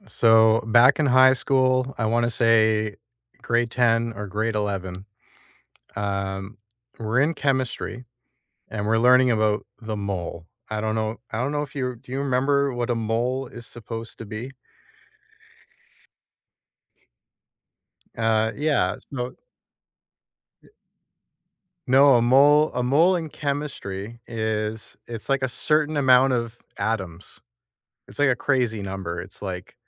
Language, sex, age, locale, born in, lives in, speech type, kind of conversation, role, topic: English, male, 35-39, en-CA, Canada, Canada, conversation, unstructured, none, What is a school memory that still makes you smile today, and what makes it special to you?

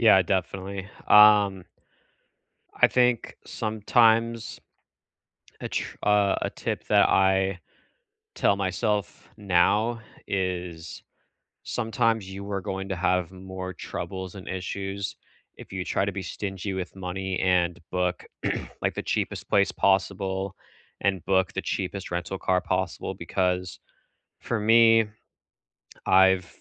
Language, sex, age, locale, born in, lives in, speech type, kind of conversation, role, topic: English, male, 25-29, en-US, United States, United States, conversation, unstructured, none, What travel tip do you absolutely swear by, even for short trips, and how did you discover it?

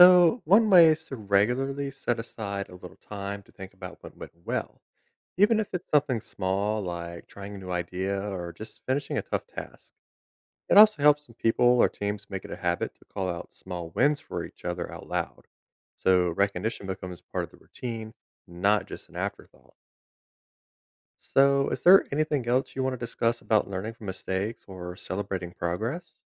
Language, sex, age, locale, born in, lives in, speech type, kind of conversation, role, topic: English, male, 30-34, en-US, United States, United States, read, expert-assistant, expert, Why is it important to learn from failure?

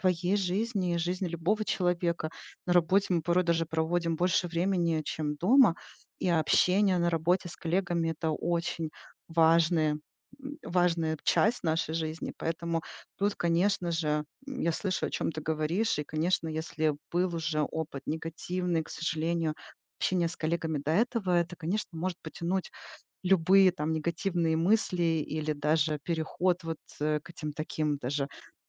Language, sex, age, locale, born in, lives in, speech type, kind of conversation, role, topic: Russian, female, 40-44, ru-RU, Ukraine, United States, conversation, advice, advisor, Как мне выстроить доверие среди коллег и деловых партнёров?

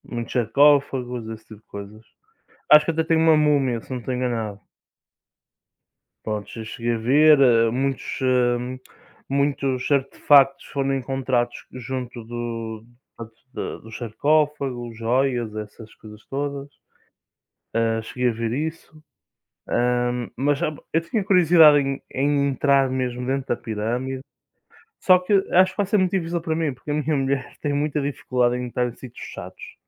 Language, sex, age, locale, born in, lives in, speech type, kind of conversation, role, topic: Portuguese, male, 20-24, pt-PT, Portugal, Portugal, conversation, unstructured, none, O que mais te surpreende nos antigos egípcios?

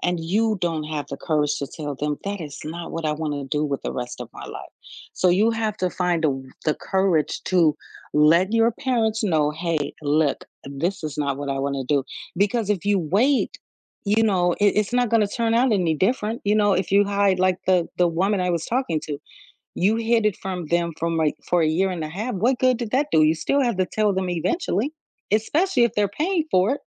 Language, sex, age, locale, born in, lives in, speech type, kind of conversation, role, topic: English, female, 45-49, en-US, United States, United States, conversation, podcast, guest, What factors should you consider when choosing a career direction?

